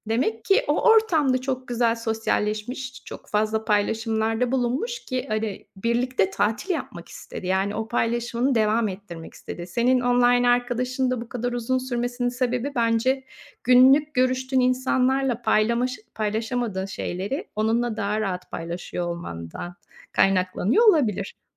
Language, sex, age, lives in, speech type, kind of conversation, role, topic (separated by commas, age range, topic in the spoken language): Turkish, female, 50-54, Spain, conversation, unstructured, none, Teknoloji hayatımızı nasıl değiştiriyor?